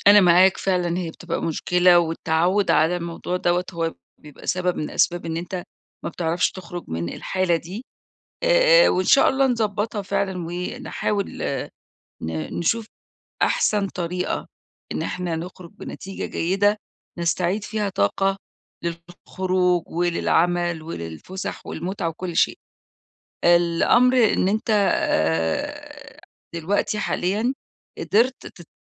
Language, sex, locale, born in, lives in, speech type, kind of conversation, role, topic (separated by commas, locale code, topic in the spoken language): Arabic, female, ar-EG, Egypt, Egypt, conversation, advice, advisor, ازاي أعمل روتين يومي يقلّل وقت القعدة ويدّيني حركة أكتر؟